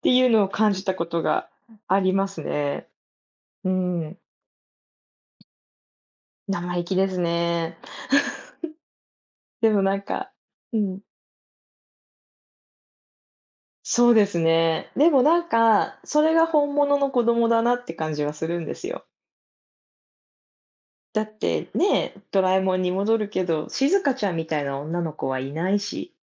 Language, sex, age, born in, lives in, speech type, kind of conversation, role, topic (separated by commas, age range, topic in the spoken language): Japanese, female, 30-34, Japan, United States, conversation, unstructured, none, 子どものころに好きだったアニメは何ですか？